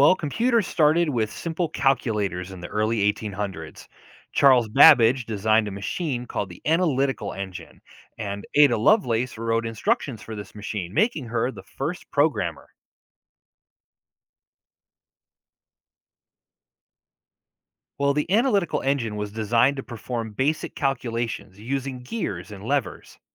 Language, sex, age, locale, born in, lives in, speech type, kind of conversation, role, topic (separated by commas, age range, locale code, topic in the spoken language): English, male, 40-44, en-US, United States, United States, read, expert-assistant, expert, How did computers first begin?